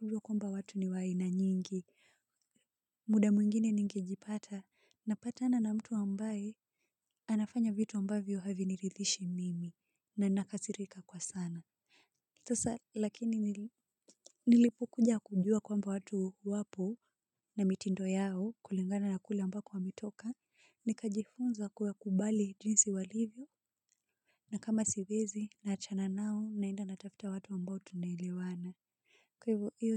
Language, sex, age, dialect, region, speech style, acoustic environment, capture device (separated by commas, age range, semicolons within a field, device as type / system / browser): Swahili, female, 25-34, Kenya - Nairobi Sheng-influenced, Kenya, relaxed; focused, silence, mobile / Linux / Chrome